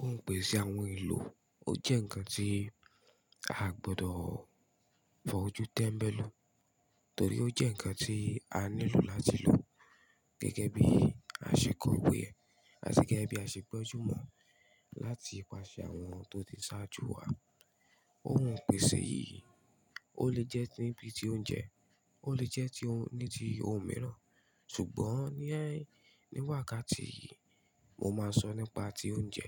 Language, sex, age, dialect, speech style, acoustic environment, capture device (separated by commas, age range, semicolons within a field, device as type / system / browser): Yoruba, male, 25-34, Nigeria - Ibadan, happy, appliances; silence, mobile / Linux / Chrome